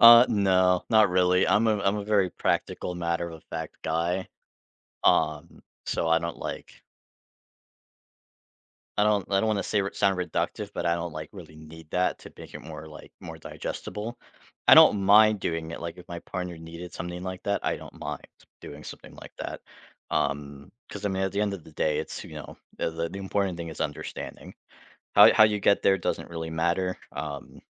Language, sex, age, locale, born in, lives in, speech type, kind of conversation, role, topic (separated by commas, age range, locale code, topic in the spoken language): English, male, 25-29, en-US, United States, United States, conversation, unstructured, none, What is a low-pressure way to check in about relationship needs and wants?